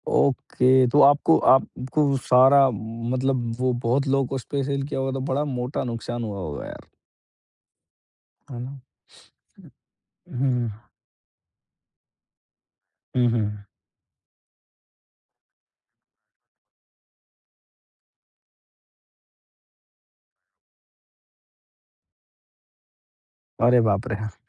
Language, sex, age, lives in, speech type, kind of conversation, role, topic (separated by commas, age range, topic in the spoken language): Hindi, male, 35-39, India, conversation, podcast, host, Setbacks aane par tum motivate kaise rehte ho aur aage kaise badhte rehte ho?